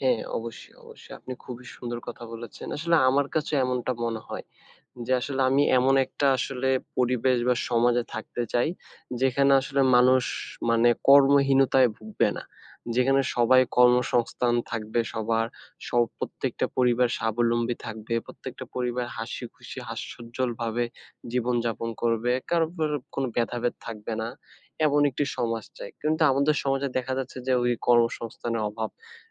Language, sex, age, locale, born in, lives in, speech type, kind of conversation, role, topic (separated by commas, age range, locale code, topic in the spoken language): Bengali, male, 20-24, bn-BD, Bangladesh, Bangladesh, conversation, unstructured, none, আপনি আগামীতে কীভাবে জীবন যাপন করতে চান?